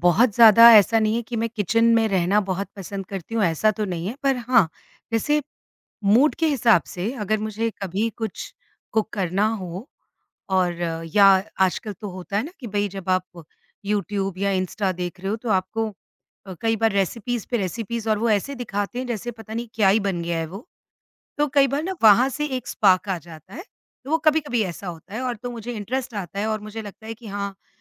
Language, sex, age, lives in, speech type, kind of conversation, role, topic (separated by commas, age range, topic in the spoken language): Hindi, female, 50-54, India, conversation, podcast, guest, Food ya cooking ke kaun-se shauk tumhe sach mein waqt ka sahi istemaal lagte hain, aur tumhe sabse zyada kya pasand hai?